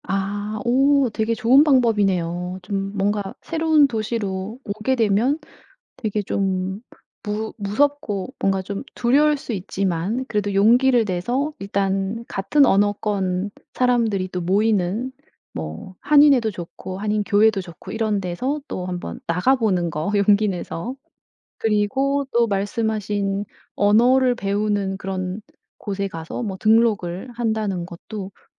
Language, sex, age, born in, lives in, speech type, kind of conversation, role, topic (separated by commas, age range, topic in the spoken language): Korean, female, 55-59, South Korea, South Korea, conversation, podcast, host, 새로운 도시에서 사람들을 어떻게 사귀나요?